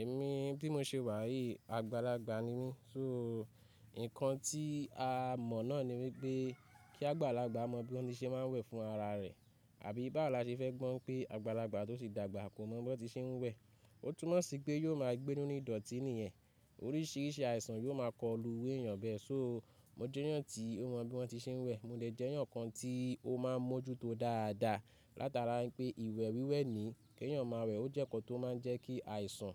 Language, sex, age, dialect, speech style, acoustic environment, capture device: Yoruba, male, 25-34, Nigeria - Ibadan, nervous, people talking, mobile / Linux / Chrome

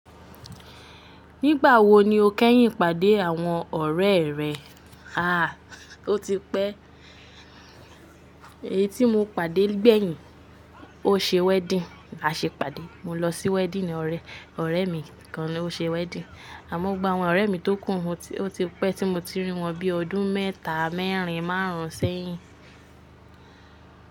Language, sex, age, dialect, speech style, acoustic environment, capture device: Yoruba, female, 25-34, Nigeria - Lagos Yoruba, relaxed; focused, appliances; nature, mobile / Linux / Chrome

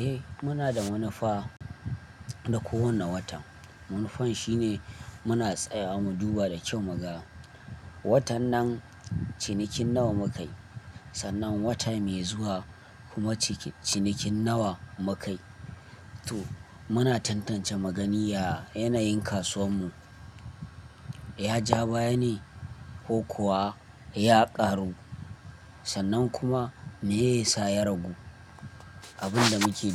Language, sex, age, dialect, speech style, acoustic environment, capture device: Hausa, male, 25-34, Nigeria - Kano, happy, people talking, desktop / Linux / Chrome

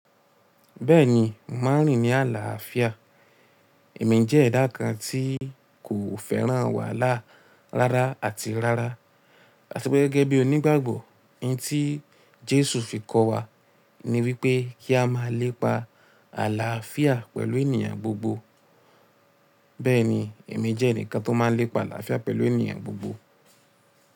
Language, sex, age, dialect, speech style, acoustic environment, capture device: Yoruba, male, 18-24, Nigeria - Ibadan, happy, people talking, mobile / Linux / Chrome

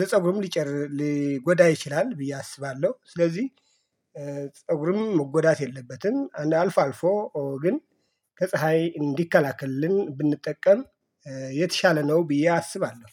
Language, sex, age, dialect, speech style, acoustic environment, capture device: Amharic, male, 45-54, Ethiopia - Addis Ababa, excited, silence, mobile / Linux / Chrome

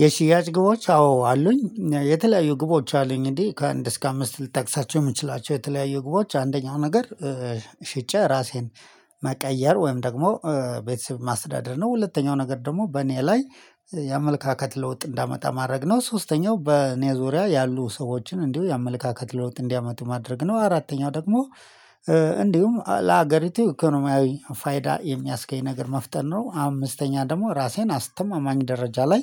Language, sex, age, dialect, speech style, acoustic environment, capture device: Amharic, male, 35-44, Ethiopia - Addis Ababa, happy, silence, mobile / Linux / Chrome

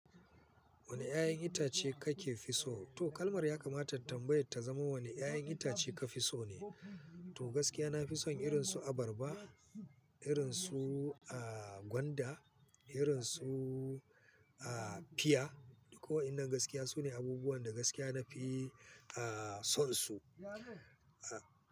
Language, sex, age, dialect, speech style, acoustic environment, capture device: Hausa, male, 45-54, Nigeria - Kano, focused, people talking; nature, mobile / Linux / Chrome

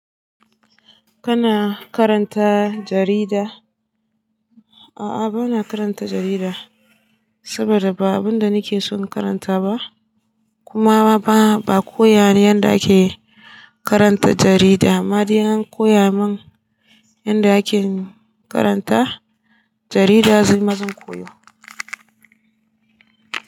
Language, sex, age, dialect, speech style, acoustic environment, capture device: Hausa, female, 18-24, Nigeria - Katsina, relaxed, silence, desktop / Linux / Chrome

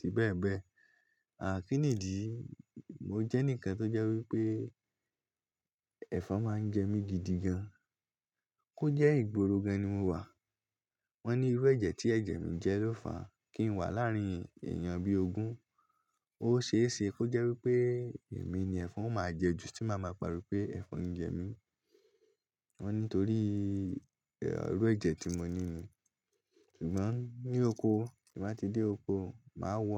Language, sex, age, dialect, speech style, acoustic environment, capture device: Yoruba, male, 18-24, Nigeria - Lagos Yoruba, happy; relaxed, nature; silence, mobile / Linux / Chrome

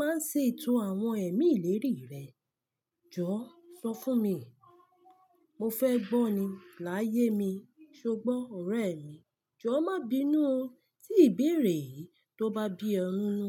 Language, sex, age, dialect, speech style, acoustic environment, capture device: Yoruba, female, 25-34, Nigeria - Lagos Yoruba, relaxed, silence, mobile / Linux / Chrome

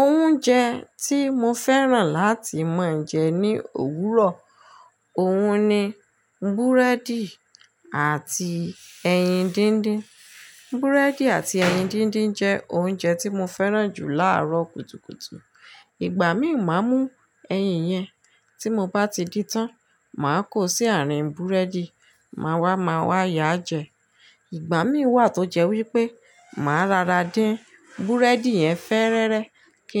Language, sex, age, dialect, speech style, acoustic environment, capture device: Yoruba, female, 35-44, Nigeria - Ibadan, relaxed, silence, mobile / Linux / Chrome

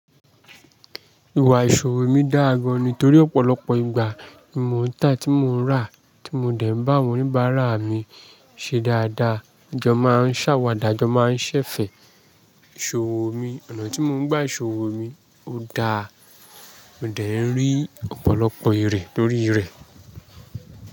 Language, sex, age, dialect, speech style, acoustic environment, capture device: Yoruba, male, 25-34, Nigeria - Lagos Yoruba, happy, nature; people talking, mobile / Linux / Chrome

